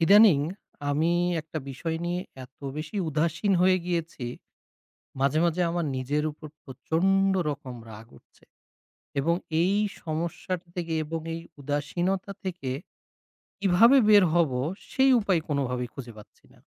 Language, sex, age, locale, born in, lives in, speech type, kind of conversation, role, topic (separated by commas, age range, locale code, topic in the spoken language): Bengali, male, 30-34, bn-BD, Bangladesh, Bangladesh, conversation, advice, user, কেন আমার নিয়মিত স্বাস্থ্যপরীক্ষা ও ডাক্তারের দেখানোর নির্ধারিত সময় বারবার পিছিয়ে যাচ্ছে?